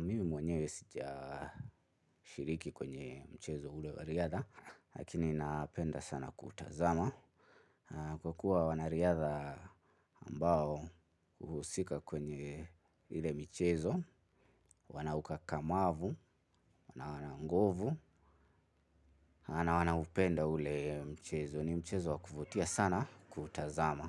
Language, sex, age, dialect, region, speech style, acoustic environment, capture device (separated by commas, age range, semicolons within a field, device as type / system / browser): Swahili, male, 25-34, Kenya - Nairobi Sheng-influenced, Kenya, focused, appliances, mobile / Linux / Chrome